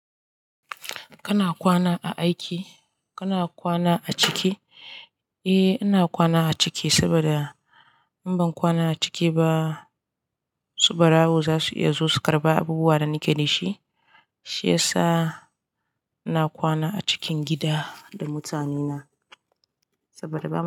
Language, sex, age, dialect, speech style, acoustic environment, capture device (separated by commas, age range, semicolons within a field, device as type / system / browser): Hausa, female, 18-24, Nigeria - Katsina, happy, silence, desktop / Linux / Chrome